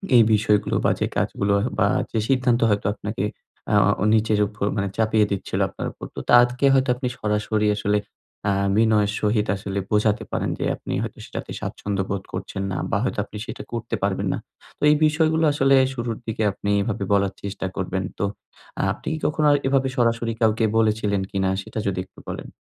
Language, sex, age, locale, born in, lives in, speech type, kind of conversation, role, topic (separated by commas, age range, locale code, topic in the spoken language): Bengali, male, 20-24, bn-BD, Bangladesh, Bangladesh, conversation, advice, advisor, সীমা নির্ধারণ করে গিল্ট ছাড়াই কীভাবে ‘না’ বলে বিশ্রাম নিতে পারি?